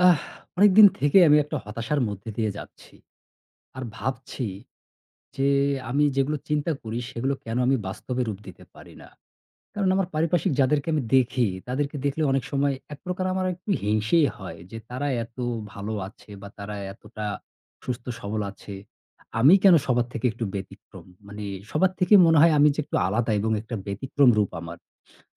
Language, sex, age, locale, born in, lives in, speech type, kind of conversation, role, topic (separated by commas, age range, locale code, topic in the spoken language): Bengali, male, 35-39, bn-BD, Bangladesh, Bangladesh, conversation, advice, user, ওজন কমাতে এক পর্যায়ে এসে থেমে যাওয়ার কারণ কী হতে পারে?